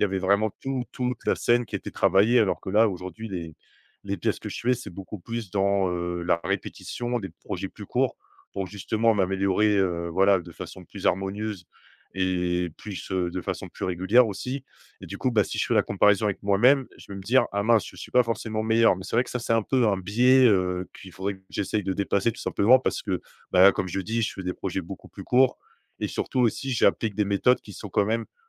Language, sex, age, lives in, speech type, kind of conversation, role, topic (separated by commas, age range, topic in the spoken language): French, male, 30-34, France, conversation, advice, user, Comment la comparaison aux autres paralyse-t-elle votre créativité ?